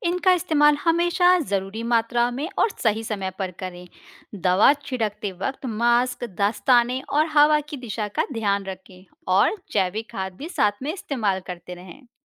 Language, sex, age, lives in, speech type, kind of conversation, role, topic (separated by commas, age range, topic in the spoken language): Hindi, female, 35-39, India, read, expert-assistant, expert, किसान अपने खेतों में फसल की पैदावार बढ़ाने के लिए कौन-कौन सी व्यावहारिक रणनीतियाँ इस्तेमाल करते हैं?